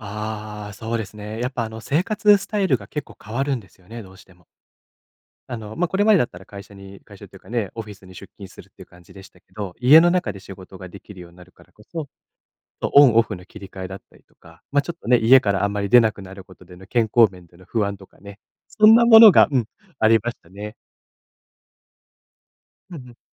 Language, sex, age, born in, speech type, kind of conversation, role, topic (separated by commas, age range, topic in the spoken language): Japanese, male, 25-29, Japan, conversation, podcast, guest, 収入面の不安はどう乗り越えましたか？